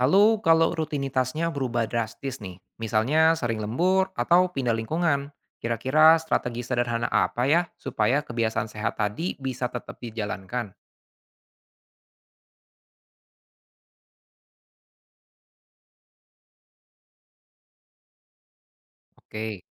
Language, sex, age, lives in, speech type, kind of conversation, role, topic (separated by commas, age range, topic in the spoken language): Indonesian, male, 25-29, Indonesia, read, expert-assistant, asker, Lebih efektif mana dalam mengatasi stres berat: terapi psikologis tatap muka atau aplikasi kesehatan mental digital?